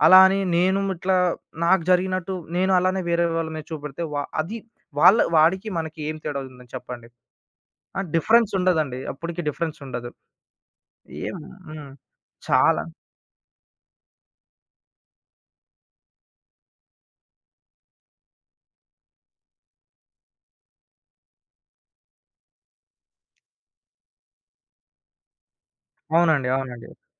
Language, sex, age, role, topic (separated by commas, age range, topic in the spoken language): Telugu, male, 20-24, guest, ఎవరైనా మీకు అన్యాయం చేస్తే, మీరు దాన్ని ఎలా చెప్పి నిలదీయుతారు?